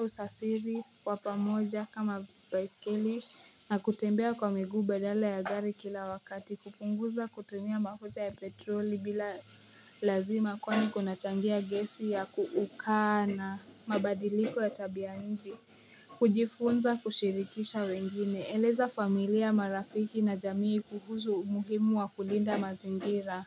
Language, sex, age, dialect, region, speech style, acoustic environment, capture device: Swahili, female, 18-24, Kenya - Nairobi Sheng-influenced, Kenya, focused; excited, nature, mobile / Linux / Chrome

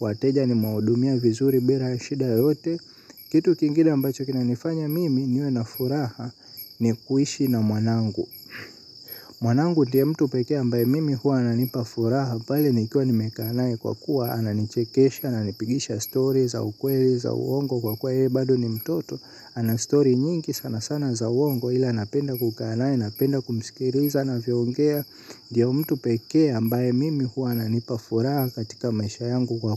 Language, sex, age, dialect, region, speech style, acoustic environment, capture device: Swahili, male, 18-24, Tanzania - Dar es Salaam, Tanzania, happy; excited; focused; relaxed, silence, mobile / Linux / Chrome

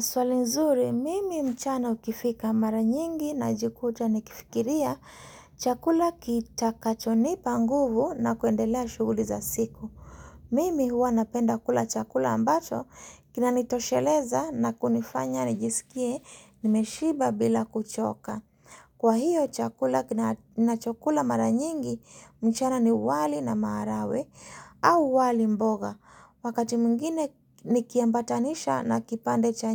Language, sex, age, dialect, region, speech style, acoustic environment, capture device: Swahili, female, 35-44, Kenya - Mombasa, Kenya, happy, silence, mobile / Linux / Chrome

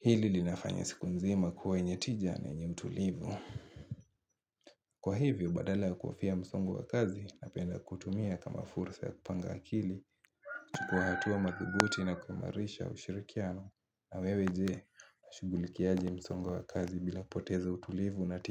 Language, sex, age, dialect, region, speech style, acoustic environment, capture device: Swahili, male, 18-24, Kenya - Mombasa, Kenya, happy; focused, nature, mobile / Linux / Chrome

